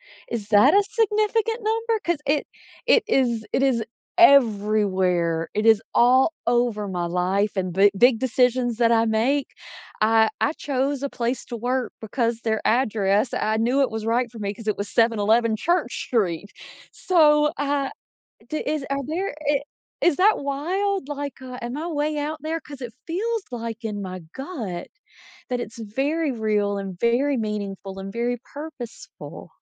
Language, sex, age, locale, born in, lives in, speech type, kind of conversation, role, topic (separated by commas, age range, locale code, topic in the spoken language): English, female, 40-44, en-US, United States, United States, conversation, podcast, guest, What factors most influence your choices when facing important life decisions?